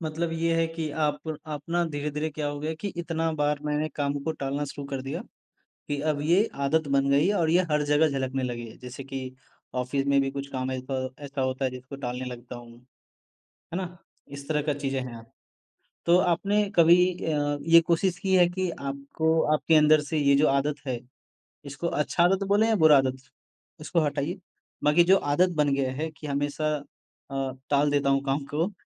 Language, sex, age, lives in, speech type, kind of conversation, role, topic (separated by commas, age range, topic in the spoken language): Hindi, male, 20-24, India, conversation, advice, advisor, मैं बार-बार काम टाल देता/देती हूँ और बाद में गहरा पछतावा महसूस करता/करती हूँ—मैं इस आदत को कैसे बदलूँ?